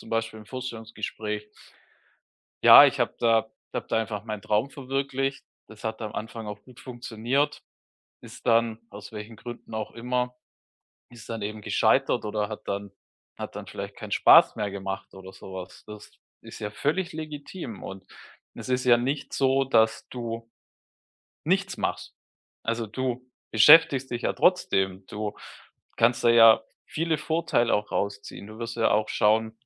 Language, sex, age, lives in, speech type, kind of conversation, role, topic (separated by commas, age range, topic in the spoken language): German, male, 35-39, Germany, conversation, advice, advisor, Wie kann ich die Angst vor Entscheidungen überwinden, die ich später nicht mehr rückgängig machen kann?